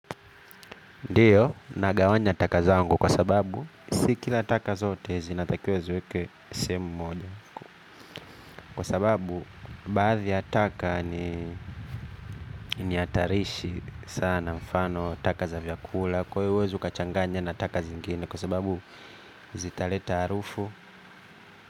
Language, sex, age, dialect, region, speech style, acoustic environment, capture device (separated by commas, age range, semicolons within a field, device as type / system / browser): Swahili, male, 25-34, Kenya - Nairobi Sheng-influenced, Kenya, anxious; happy, nature, mobile / Linux / Chrome